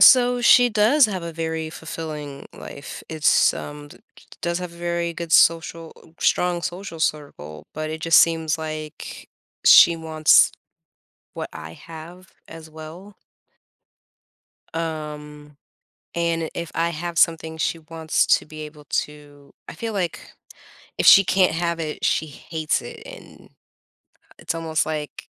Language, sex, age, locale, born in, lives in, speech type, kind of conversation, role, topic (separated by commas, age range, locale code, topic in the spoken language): English, female, 30-34, en-US, United States, United States, conversation, advice, user, How can I speak up in a difficult conversation?